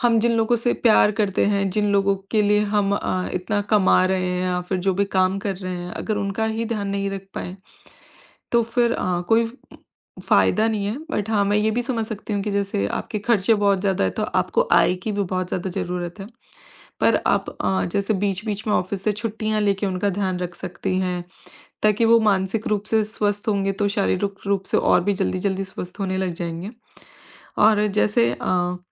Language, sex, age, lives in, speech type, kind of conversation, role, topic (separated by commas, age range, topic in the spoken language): Hindi, female, 25-29, India, conversation, advice, advisor, आप बुज़ुर्ग माता-पिता की देखभाल की नई जिम्मेदारी कैसे निभा रहे हैं?